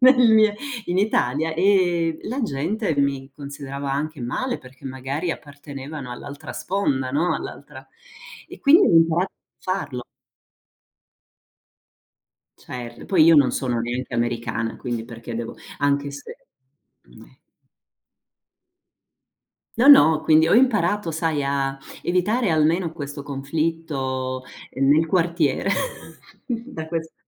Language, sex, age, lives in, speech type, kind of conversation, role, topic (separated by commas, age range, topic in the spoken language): Italian, female, 50-54, United States, conversation, unstructured, none, Come gestisci i conflitti con un familiare stretto?